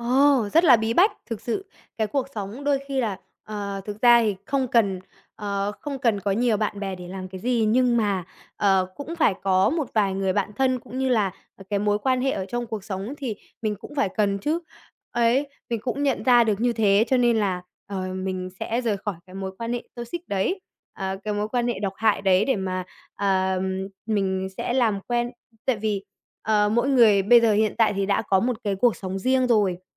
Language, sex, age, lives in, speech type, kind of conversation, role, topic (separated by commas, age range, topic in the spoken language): Vietnamese, male, 20-24, Vietnam, conversation, podcast, guest, Làm sao để tự tin trong những tình huống xã hội mới?